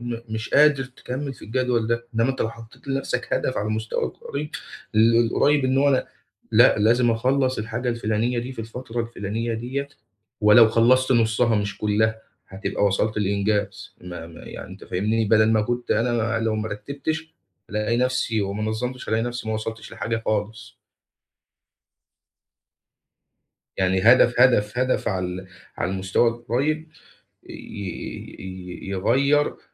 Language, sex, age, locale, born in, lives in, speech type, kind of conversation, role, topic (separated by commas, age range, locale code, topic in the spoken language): Arabic, male, 25-29, ar-EG, Egypt, Egypt, conversation, advice, advisor, إزاي أبدأ أتابع عاداتي اليومية عشان أزود وعيي بنفسي؟